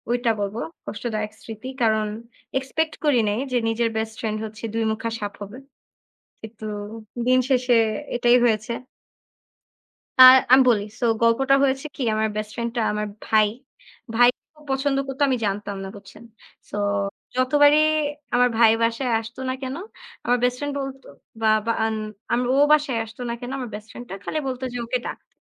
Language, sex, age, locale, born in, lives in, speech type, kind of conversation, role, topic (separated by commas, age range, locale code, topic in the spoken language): Bengali, female, 20-24, bn-BD, Bangladesh, Bangladesh, conversation, unstructured, none, তোমার শৈশবের সবচেয়ে কষ্টদায়ক স্মৃতি কী?